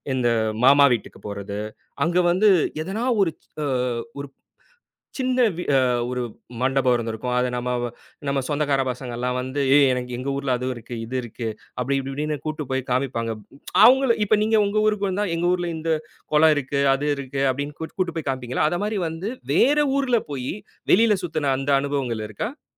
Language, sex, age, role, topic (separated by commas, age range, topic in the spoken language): Tamil, male, 30-34, host, பள்ளிக்காலத்தில் நீங்கள் பள்ளிக்கு வெளியே அனுபவித்த பிடித்தமான நினைவு எது?